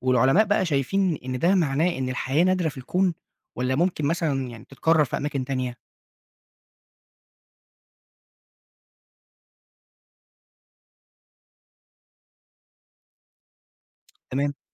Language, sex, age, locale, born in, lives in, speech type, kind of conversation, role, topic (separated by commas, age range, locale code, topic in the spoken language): Arabic, male, 20-24, ar-EG, Egypt, Egypt, read, expert-assistant, asker, هل وجودنا كبشر مرتبط بوجود النجوم بطريقة فلسفية؟ يعني لو مكنش فيه نجوم، كنا هنكون هنا أصلاً؟